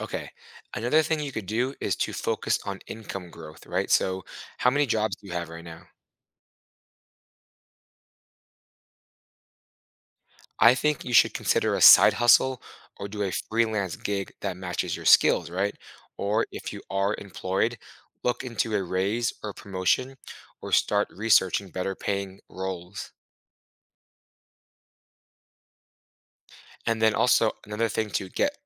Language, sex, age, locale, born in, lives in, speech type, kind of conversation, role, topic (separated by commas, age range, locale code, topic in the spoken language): English, male, 30-34, en-US, United States, United States, conversation, advice, advisor, How can I manage constant money anxiety and feel more in control?